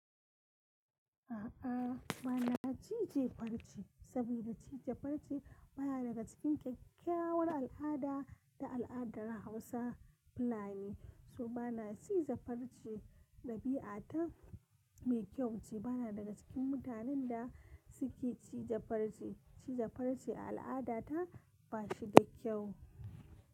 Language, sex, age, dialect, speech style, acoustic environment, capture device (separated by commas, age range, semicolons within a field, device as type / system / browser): Hausa, female, 25-34, Nigeria - Kano, relaxed, nature, mobile / Linux / Chrome